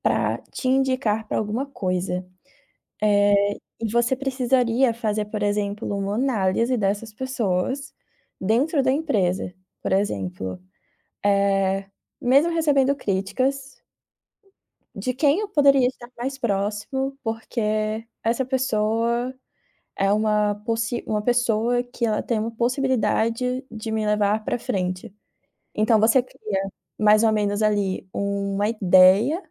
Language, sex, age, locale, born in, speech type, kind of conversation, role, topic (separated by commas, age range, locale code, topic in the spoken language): Portuguese, female, 25-29, pt-BR, Brazil, conversation, advice, advisor, Como posso construir resiliência emocional diante de críticas e manter minha autoestima?